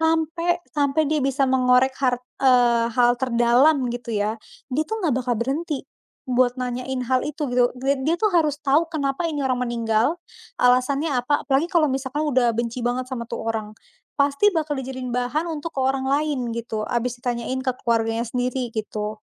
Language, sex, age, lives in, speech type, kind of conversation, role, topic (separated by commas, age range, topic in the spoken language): Indonesian, female, 20-24, Indonesia, conversation, unstructured, none, Bagaimana perasaanmu ketika melihat orang tidak menghormati orang yang sudah meninggal?